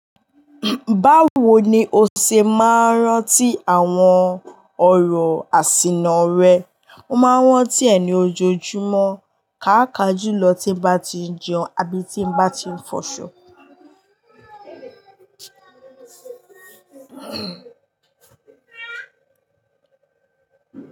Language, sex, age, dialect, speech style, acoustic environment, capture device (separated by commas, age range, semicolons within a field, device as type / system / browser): Yoruba, female, 18-24, Nigeria - Lagos Yoruba, happy, silence; nature, mobile / Linux / Chrome